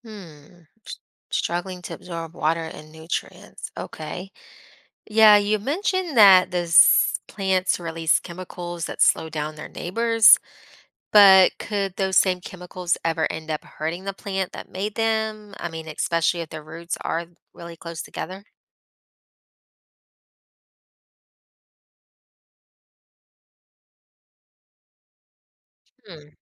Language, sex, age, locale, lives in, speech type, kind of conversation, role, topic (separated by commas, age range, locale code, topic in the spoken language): English, female, 30-34, en-US, United States, read, expert-assistant, asker, How do plants communicate underground?